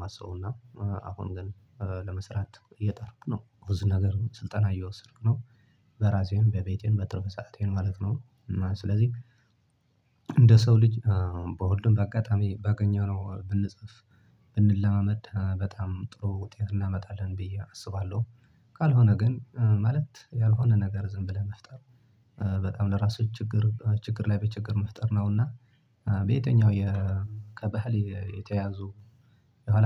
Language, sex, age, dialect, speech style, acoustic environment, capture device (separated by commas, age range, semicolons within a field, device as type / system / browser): Amharic, male, 25-34, Ethiopia - Tigray, happy; relaxed; focused, silence, desktop / Linux / Chrome